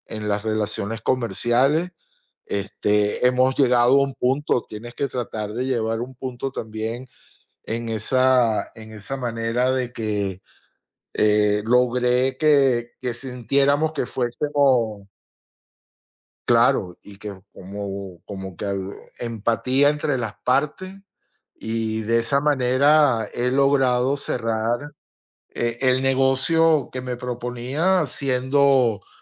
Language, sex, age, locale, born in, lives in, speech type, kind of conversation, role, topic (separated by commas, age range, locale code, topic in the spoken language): Spanish, male, 20-24, es-VE, United States, United States, conversation, unstructured, none, ¿Qué consejos darías para negociar con un vendedor?